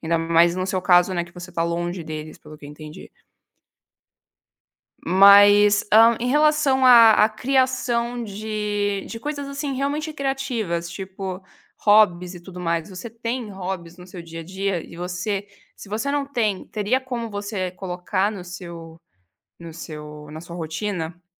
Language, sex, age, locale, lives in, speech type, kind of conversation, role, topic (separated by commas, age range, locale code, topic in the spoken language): Portuguese, female, 20-24, pt-BR, Italy, conversation, podcast, host, Como você encontra tempo para criar na correria do dia?